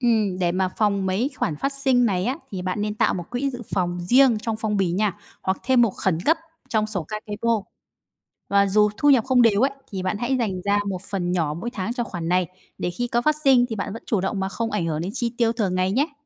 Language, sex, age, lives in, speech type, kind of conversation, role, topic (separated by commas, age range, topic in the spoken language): Vietnamese, female, 30-34, Vietnam, read, expert-assistant, expert, Các mô hình phân bổ ngân sách cá nhân như 50/30/20, Kakeibo, Envelope System hoạt động ra sao và nên chọn mô hình nào?